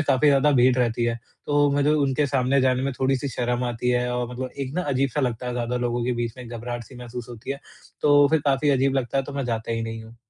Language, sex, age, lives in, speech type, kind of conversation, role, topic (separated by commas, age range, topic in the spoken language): Hindi, male, 45-49, India, conversation, advice, user, मैं अपने रोज़मर्रा के जीवन में शौक कैसे शामिल कर सकता/सकती हूँ?